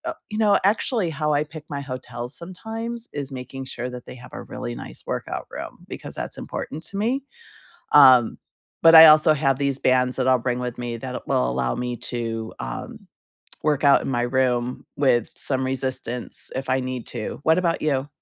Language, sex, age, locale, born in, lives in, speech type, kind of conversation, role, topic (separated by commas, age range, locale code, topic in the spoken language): English, female, 55-59, en-US, United States, United States, conversation, unstructured, none, What is one small morning habit that has recently improved your mornings, and how did you start it?